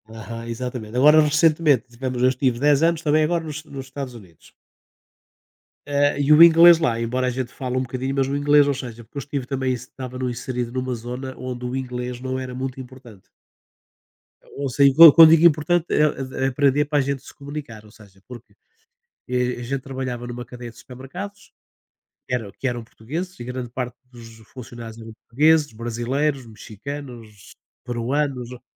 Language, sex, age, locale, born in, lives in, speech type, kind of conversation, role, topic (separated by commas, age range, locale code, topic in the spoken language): Portuguese, male, 60-64, pt-PT, Portugal, Portugal, conversation, unstructured, none, O que te deixa mais feliz ao aprender algo novo?